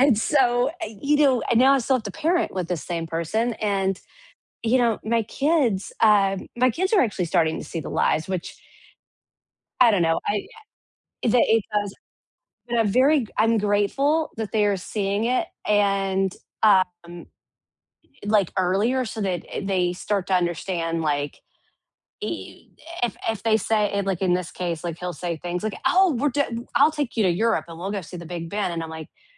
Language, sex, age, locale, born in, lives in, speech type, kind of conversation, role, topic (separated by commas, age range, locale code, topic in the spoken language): English, female, 35-39, en-US, United States, United States, conversation, unstructured, none, What does honesty mean to you in everyday life?